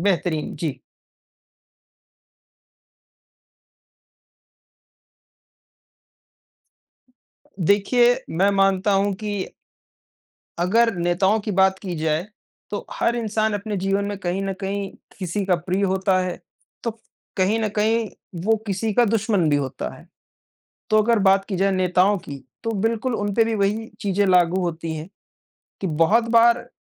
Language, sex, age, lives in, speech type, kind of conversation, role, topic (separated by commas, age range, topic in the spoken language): Hindi, male, 55-59, India, conversation, unstructured, none, आपके हिसाब से एक अच्छे नेता में कौन-कौन से गुण होने चाहिए?